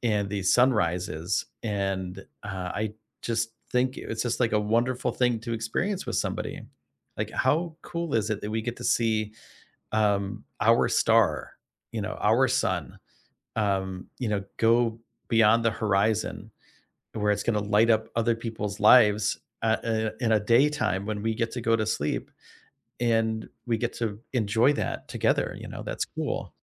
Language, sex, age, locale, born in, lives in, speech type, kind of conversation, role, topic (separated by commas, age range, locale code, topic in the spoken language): English, male, 45-49, en-US, United States, United States, conversation, unstructured, none, What is your favorite sunrise or sunset spot that you discovered while traveling?